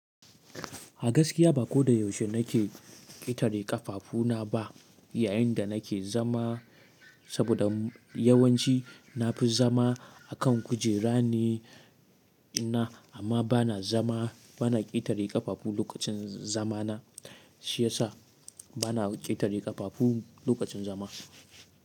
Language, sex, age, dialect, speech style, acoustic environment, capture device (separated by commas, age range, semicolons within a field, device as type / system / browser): Hausa, male, 18-24, Nigeria - Katsina, relaxed; happy; excited; focused, silence, mobile / Linux / Chrome